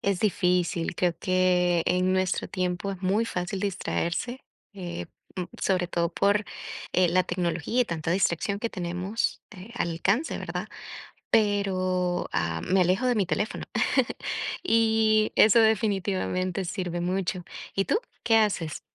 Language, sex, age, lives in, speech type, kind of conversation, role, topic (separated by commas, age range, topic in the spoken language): Spanish, female, 25-29, United States, conversation, unstructured, none, ¿Cómo organizas tu día para ser más productivo?